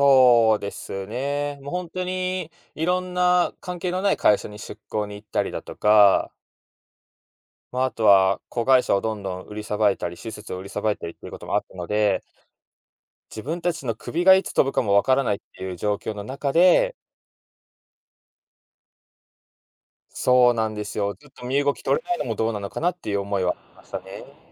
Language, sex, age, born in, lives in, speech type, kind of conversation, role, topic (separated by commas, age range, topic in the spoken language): Japanese, male, 25-29, Japan, Japan, conversation, podcast, guest, 人生の選択で大きく外した経験から、何を学びましたか？